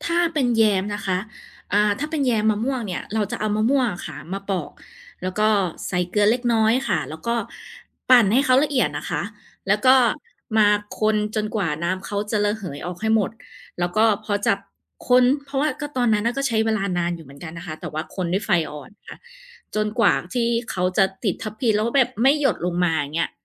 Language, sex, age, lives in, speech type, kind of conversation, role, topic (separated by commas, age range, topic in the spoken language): Thai, female, 30-34, Thailand, conversation, podcast, guest, คุณมีวิธีคิดเมนูจากของเหลือในตู้เย็นอย่างไรบ้าง?